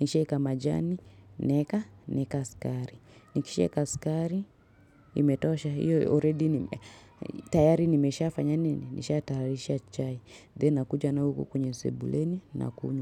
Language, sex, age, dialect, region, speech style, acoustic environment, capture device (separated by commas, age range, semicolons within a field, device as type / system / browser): Swahili, female, 25-34, Kenya - Nairobi Sheng-influenced, Kenya, relaxed, silence; nature, mobile / Linux / Chrome